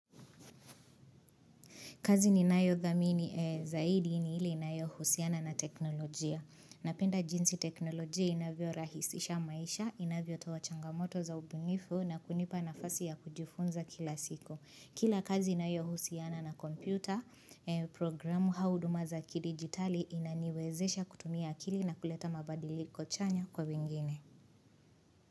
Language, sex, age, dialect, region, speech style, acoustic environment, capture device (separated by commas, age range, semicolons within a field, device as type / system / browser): Swahili, female, 25-34, Kenya - Nairobi Sheng-influenced, Kenya, happy, silence, mobile / Linux / Chrome